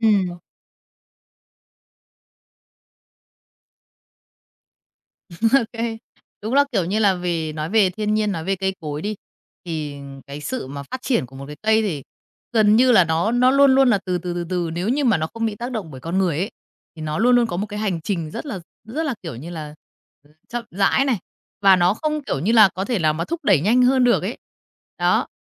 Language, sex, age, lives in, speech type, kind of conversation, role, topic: Vietnamese, female, 30-34, Vietnam, conversation, podcast, host, Thiên nhiên dạy bạn về kiên nhẫn như thế nào nhỉ?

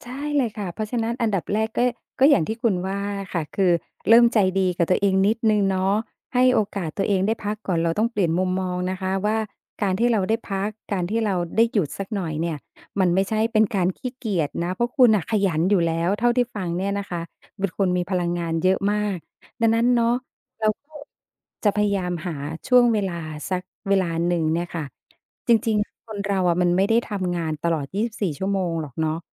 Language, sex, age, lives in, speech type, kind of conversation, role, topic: Thai, female, 50-54, Thailand, conversation, advice, advisor, คุณจะจัดสรรเวลาให้ฝึกทักษะสร้างสรรค์อย่างต่อเนื่องได้อย่างไร?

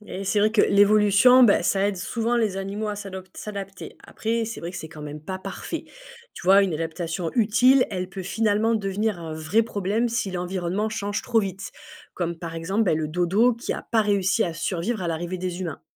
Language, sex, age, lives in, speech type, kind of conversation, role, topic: French, female, 35-39, France, read, expert-assistant, expert, Selon toi, l’évolution rend-elle les animaux mieux adaptés à leur environnement ? Quels en sont les inconvénients éventuels ?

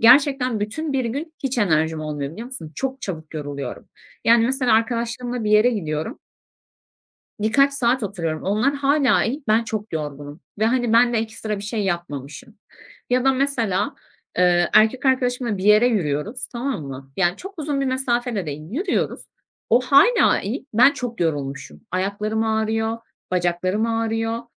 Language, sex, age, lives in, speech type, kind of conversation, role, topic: Turkish, female, 25-29, Germany, conversation, advice, user, Planlarımı sürekli erteliyor ve motivasyon eksikliği yaşıyorum; bununla nasıl başa çıkabilirim?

